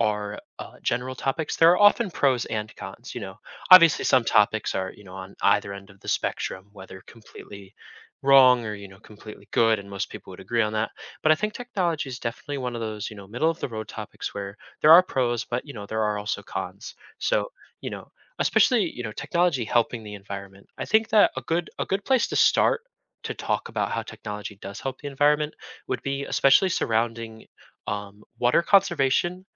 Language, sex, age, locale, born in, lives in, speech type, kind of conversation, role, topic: English, male, 20-24, en-US, United States, United States, conversation, podcast, guest, How is technology changing the way we protect and care for the environment?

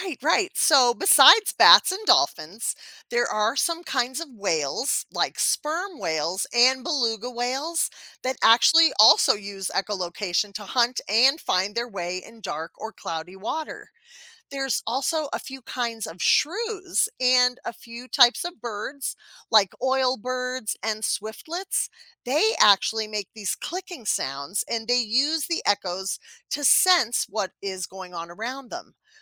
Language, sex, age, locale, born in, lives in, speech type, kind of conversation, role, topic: English, female, 50-54, en-US, United States, United States, read, expert-assistant, expert, How do bats use echolocation to find food?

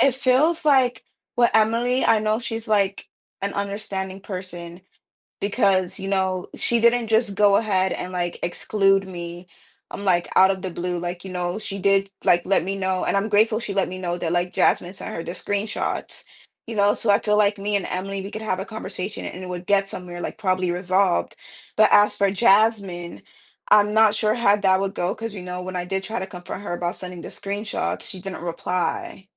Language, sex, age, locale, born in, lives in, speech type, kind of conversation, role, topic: English, female, 20-24, en-US, United States, United States, conversation, advice, user, How can I make amends and support my friend after not being there when they needed me?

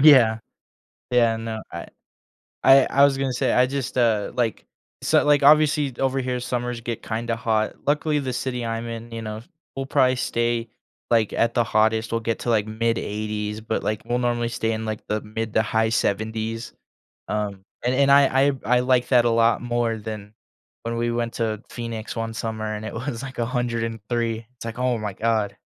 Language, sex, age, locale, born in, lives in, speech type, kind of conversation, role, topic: English, male, 20-24, en-US, United States, United States, conversation, unstructured, none, What kinds of news stories do you find most interesting or important?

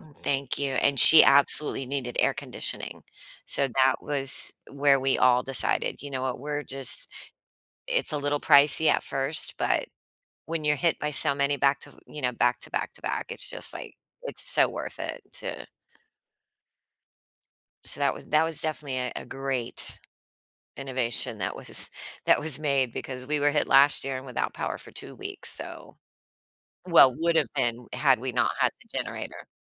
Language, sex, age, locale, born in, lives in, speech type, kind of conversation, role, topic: English, female, 45-49, en-US, United States, United States, conversation, podcast, host, In what ways do new technologies change the way we live and connect with others every day?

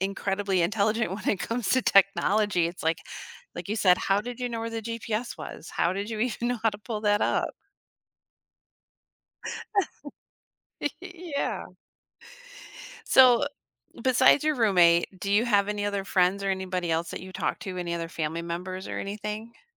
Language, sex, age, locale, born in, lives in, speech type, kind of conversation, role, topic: English, female, 40-44, en-US, United States, United States, conversation, advice, advisor, How do I cope with loneliness after a breakup?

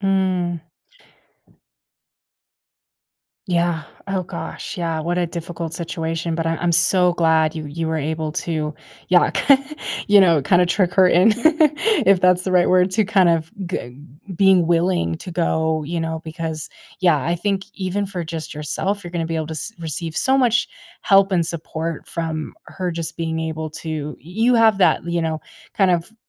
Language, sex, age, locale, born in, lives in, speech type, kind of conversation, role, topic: English, female, 25-29, en-US, United States, United States, conversation, advice, advisor, How can I manage my daily stress?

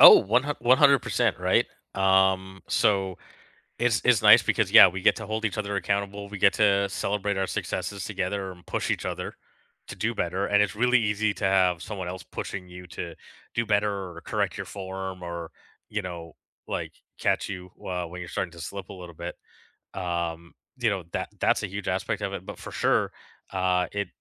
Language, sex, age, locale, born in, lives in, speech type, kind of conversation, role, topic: English, male, 40-44, en-CA, Canada, Canada, conversation, unstructured, none, How do you balance rest, nutrition, and exercise in your day-to-day life?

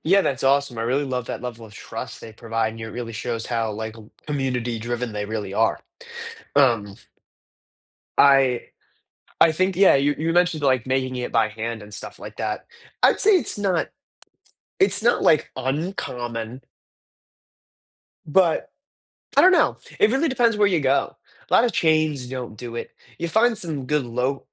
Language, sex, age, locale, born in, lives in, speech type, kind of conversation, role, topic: English, male, 18-19, en-US, United States, United States, conversation, unstructured, none, Which local spot feels most like yours, and what story makes it your favorite?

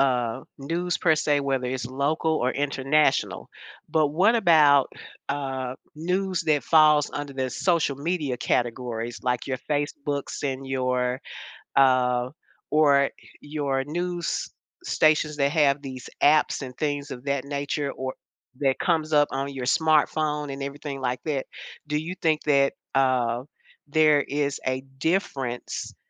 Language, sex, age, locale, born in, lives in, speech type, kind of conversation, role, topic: English, female, 70-74, en-US, United States, United States, conversation, podcast, host, Why do news stories about the same event look so different around the world?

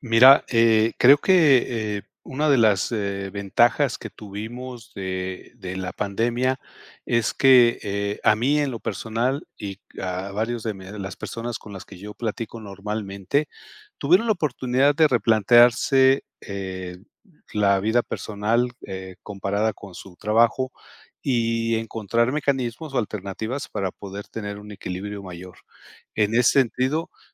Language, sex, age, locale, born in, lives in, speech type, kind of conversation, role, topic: Spanish, male, 55-59, es-MX, Mexico, Mexico, conversation, podcast, guest, ¿Cómo manejas el equilibrio entre el trabajo y la vida personal?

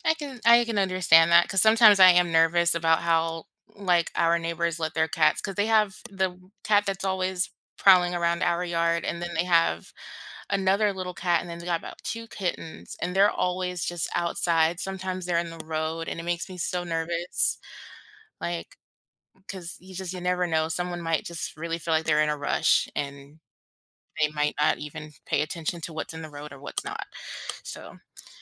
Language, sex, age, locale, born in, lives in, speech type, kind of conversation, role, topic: English, female, 25-29, en-US, United States, United States, conversation, unstructured, none, What are your thoughts on keeping cats versus dogs as pets?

